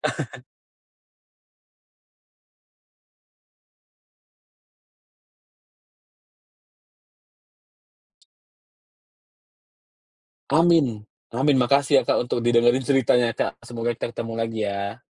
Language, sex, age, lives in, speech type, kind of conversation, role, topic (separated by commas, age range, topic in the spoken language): Indonesian, male, 30-34, Indonesia, conversation, podcast, guest, Apa tips sederhana untuk mengatasi overthinking yang sering muncul?